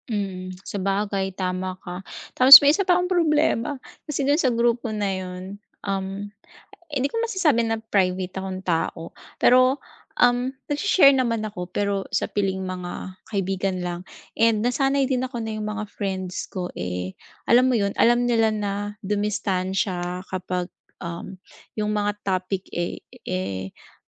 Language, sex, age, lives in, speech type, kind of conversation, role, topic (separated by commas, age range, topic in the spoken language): Filipino, female, 40-44, Philippines, conversation, advice, user, Paano ako makikisalamuha sa grupo kahit iba ang mga hilig nila?